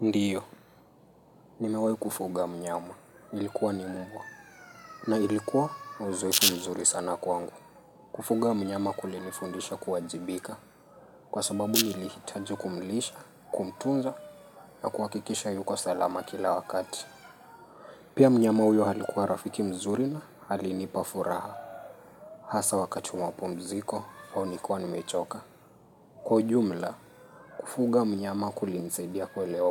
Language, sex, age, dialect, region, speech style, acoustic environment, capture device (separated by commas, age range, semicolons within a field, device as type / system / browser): Swahili, male, 25-34, Kenya - Nairobi Sheng-influenced, Kenya, relaxed, nature, mobile / Linux / Chrome